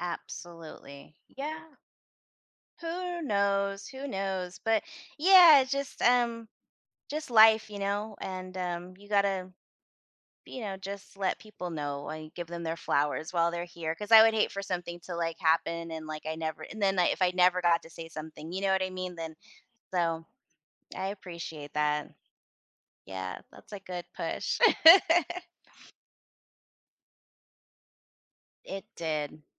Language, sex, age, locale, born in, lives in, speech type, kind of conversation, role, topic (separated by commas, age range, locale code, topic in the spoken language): English, female, 40-44, en-US, United States, United States, conversation, advice, user, How can I tell my first love I still have feelings?